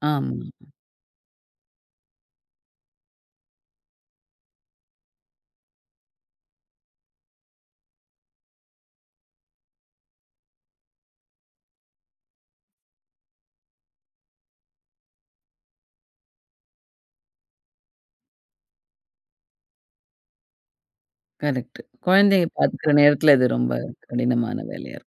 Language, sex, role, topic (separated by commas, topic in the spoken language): Tamil, female, host, நீ எதிர்பாராத நேரத்தில் கிடைத்த ஒரு வாய்ப்பு உன்னை எப்படி மாற்றியது?